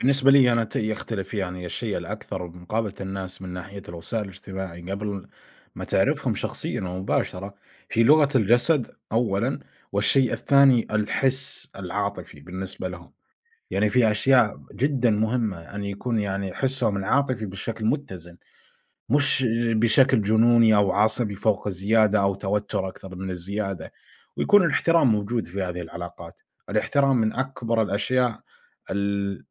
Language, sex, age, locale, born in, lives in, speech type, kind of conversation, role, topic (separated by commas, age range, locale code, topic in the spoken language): Arabic, male, 25-29, ar-SA, Saudi Arabia, United States, conversation, unstructured, none, هل بتحس إن السوشيال ميديا بتزوّد الإحساس بالوحدة؟